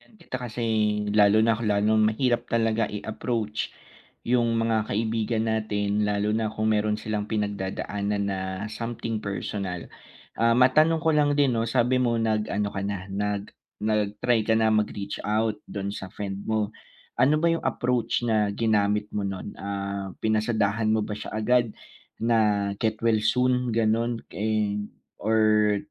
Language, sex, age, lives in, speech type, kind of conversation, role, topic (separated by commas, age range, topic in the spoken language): Filipino, male, 25-29, Philippines, conversation, advice, advisor, Paano ako magiging nandiyan para sa kaibigan ko sa oras ng krisis?